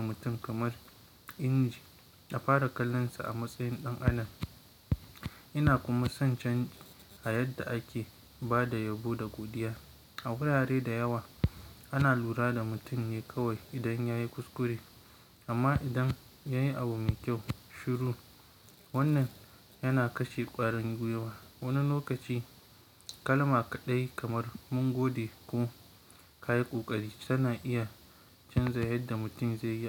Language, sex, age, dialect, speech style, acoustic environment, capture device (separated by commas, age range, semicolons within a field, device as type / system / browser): Hausa, male, 18-24, Nigeria - Kano, focused, nature, mobile / Linux / Chrome